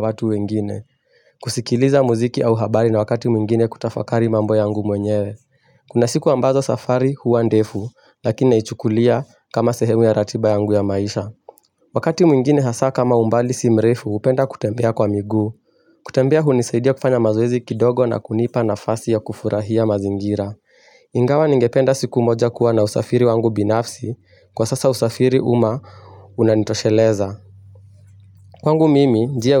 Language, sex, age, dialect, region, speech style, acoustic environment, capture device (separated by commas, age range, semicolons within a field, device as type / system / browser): Swahili, male, 18-24, Kenya - Mombasa, Kenya, focused; relaxed, nature; silence, mobile / Linux / Chrome